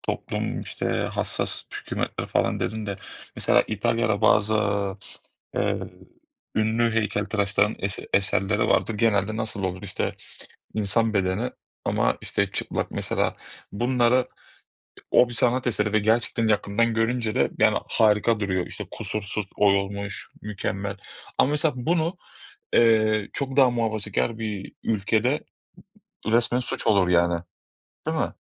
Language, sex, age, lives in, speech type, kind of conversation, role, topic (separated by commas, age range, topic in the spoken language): Turkish, male, 30-34, Greece, conversation, unstructured, none, Sanat eserlerine sansür uygulanmalı mı?